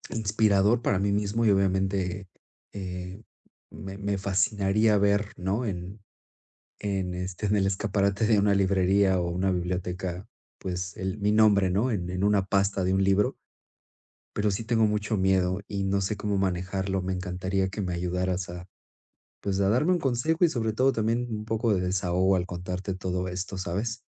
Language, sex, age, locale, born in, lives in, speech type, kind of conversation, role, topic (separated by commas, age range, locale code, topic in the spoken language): Spanish, male, 40-44, es-MX, Mexico, Spain, conversation, advice, user, ¿Cómo puedo dejar de postergar mis sueños por miedo al fracaso y al qué dirán?